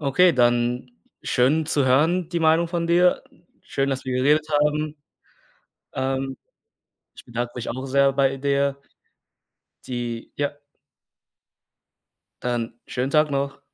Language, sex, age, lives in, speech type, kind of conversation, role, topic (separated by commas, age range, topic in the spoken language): German, male, 20-24, Germany, conversation, unstructured, none, Wie hat dir Sport geholfen, dich besser zu fühlen?